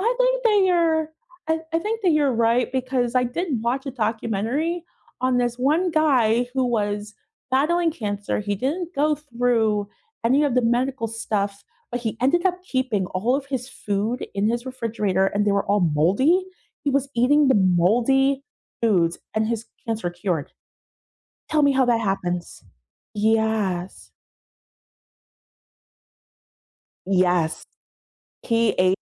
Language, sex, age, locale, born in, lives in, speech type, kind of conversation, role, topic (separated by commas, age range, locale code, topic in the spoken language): English, female, 35-39, en-US, United States, United States, conversation, unstructured, none, What should I do to make the food I eat safer?